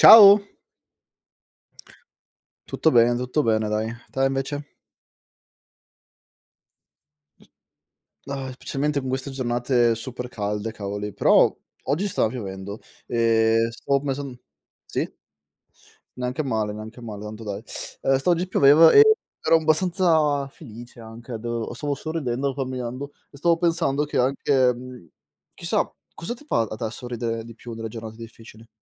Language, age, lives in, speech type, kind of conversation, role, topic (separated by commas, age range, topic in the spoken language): Italian, 25-29, Italy, conversation, unstructured, none, Cosa ti fa sorridere anche nelle giornate più difficili?